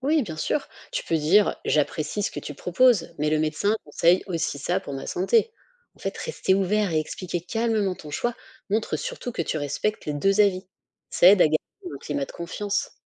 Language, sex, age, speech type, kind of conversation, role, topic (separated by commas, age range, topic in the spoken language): French, female, 35-39, read, expert-assistant, expert, Comment concilier les traditions familiales et les conseils des médecins ?